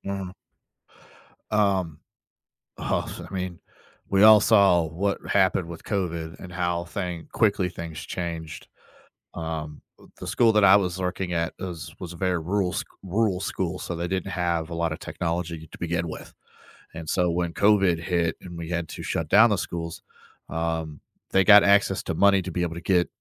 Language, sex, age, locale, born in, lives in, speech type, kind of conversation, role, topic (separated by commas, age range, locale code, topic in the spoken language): English, male, 30-34, en-US, United States, United States, conversation, podcast, guest, What lessons have you learned from your career that you wish you knew when you started?